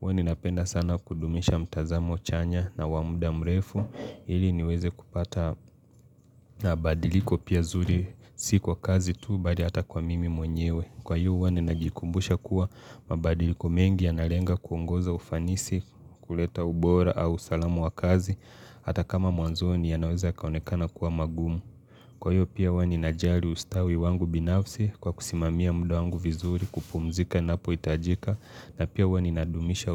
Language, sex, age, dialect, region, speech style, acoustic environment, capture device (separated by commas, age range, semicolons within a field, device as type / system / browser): Swahili, male, 25-34, Kenya - Mombasa, Kenya, relaxed, appliances; silence, mobile / Linux / Chrome